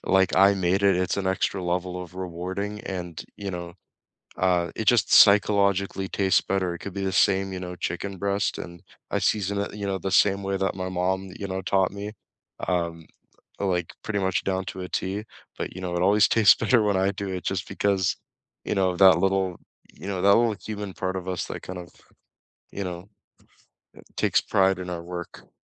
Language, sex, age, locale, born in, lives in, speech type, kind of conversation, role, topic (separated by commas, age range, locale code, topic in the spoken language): English, male, 20-24, en-CA, Canada, Canada, conversation, unstructured, none, What small habits have boosted your mood this month?